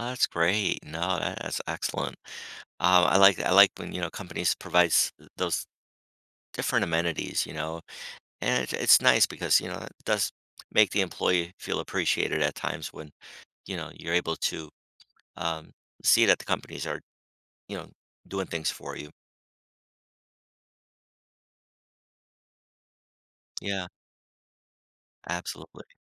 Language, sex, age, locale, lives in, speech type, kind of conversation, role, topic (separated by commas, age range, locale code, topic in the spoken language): English, male, 55-59, en-US, United States, conversation, podcast, host, How do you handle stress at work?